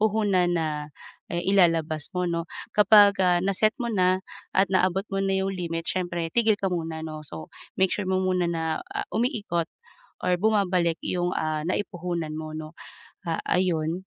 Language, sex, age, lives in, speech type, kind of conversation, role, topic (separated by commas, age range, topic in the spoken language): Filipino, female, 35-39, Philippines, conversation, advice, advisor, Paano ko pamamahalaan ang negosyo kung limitado lamang ang puhunan ko?